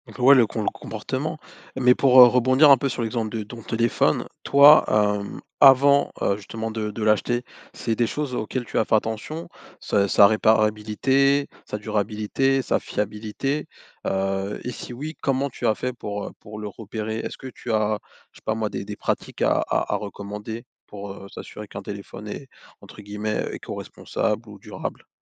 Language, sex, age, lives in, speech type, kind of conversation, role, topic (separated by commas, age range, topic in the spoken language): French, male, 30-34, France, conversation, podcast, host, Comment choisir entre le prix et la durabilité ?